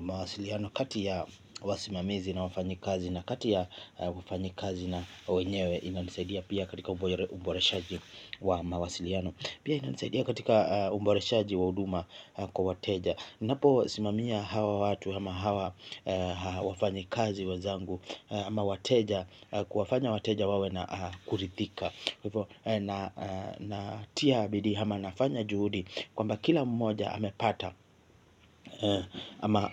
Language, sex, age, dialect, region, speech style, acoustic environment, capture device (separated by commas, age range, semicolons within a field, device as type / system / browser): Swahili, male, 25-34, Kenya - Mombasa, Kenya, happy, silence, mobile / Linux / Chrome